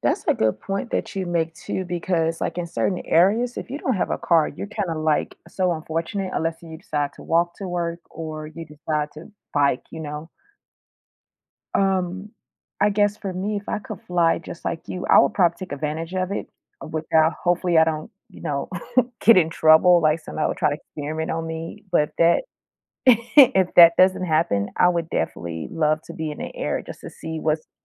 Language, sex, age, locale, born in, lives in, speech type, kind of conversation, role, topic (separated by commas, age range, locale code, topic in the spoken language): English, female, 35-39, en-US, United States, United States, conversation, unstructured, none, How do you think life would change if people could suddenly fly?